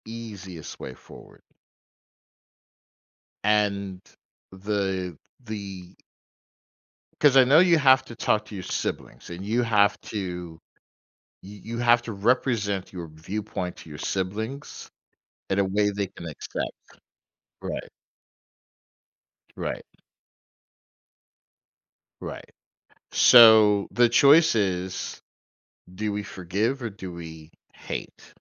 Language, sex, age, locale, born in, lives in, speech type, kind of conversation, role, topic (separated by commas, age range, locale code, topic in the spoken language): English, male, 45-49, en-US, United States, United States, conversation, advice, advisor, How can I handle disagreements with family without damaging our relationship?